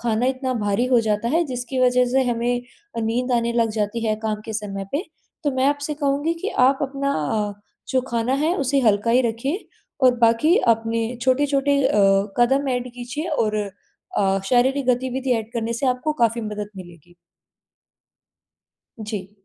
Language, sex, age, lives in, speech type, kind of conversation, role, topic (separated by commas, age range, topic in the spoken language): Hindi, female, 20-24, India, conversation, advice, advisor, आराम करने पर भी ठीक न होने वाली थकान की पहचान कैसे करें?